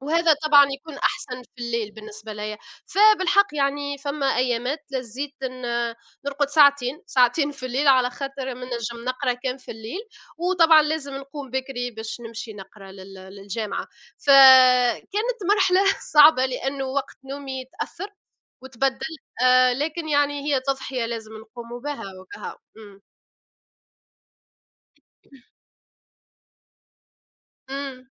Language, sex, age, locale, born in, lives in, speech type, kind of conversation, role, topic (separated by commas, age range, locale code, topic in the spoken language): Arabic, female, 25-29, ar-TN, Tunisia, United States, conversation, unstructured, none, إنت بتفضل تصحى بدري ولا تسهر بالليل، وليه؟